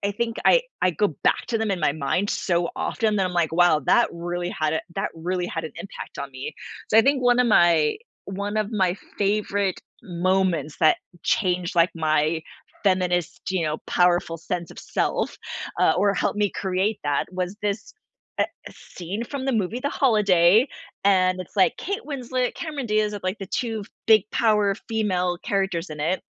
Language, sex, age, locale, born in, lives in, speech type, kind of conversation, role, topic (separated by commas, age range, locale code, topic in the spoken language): English, female, 40-44, en-US, United States, United States, conversation, podcast, guest, In what ways do movies influence how we see ourselves and motivate us to grow?